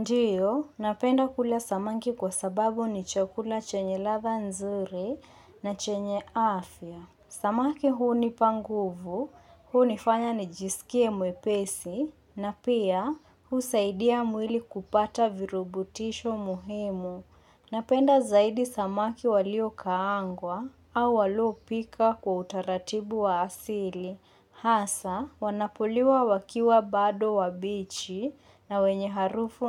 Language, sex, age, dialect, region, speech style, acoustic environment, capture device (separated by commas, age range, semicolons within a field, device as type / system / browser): Swahili, female, 25-34, Kenya - Mombasa, Kenya, focused, nature, mobile / Linux / Chrome